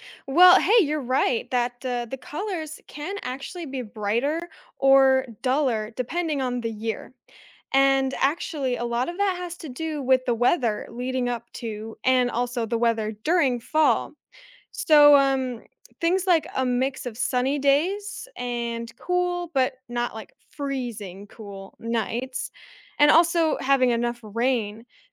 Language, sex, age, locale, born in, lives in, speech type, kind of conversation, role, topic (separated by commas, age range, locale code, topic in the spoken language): English, female, 20-24, en-US, United States, United States, read, expert-assistant, expert, What causes leaves to change color in the fall and how does it affect wildlife?